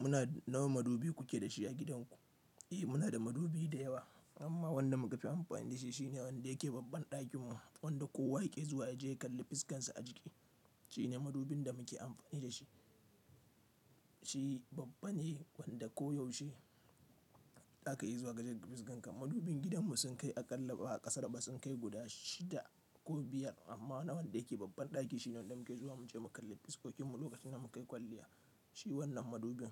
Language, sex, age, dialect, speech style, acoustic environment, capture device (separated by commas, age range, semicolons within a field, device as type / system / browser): Hausa, male, 25-34, Nigeria - Nigerian Standard, happy; relaxed, nature, mobile / Linux / Chrome